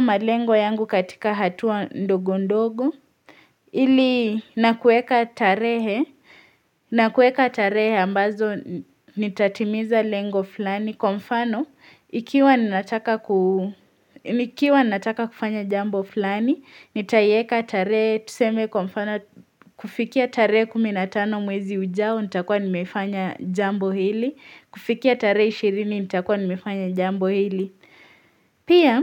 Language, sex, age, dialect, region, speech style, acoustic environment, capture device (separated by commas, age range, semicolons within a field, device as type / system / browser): Swahili, female, 18-24, Kenya - Mombasa, Kenya, relaxed, silence, mobile / Linux / Chrome